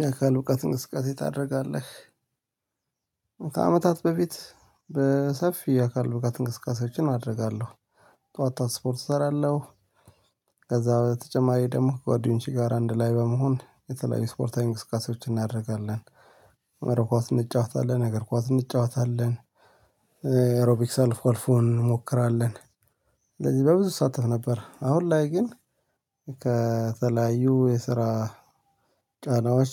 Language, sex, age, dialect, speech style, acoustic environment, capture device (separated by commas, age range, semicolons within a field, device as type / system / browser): Amharic, male, 35-44, Ethiopia - Addis Ababa, relaxed; excited, nature, mobile / Linux / Chrome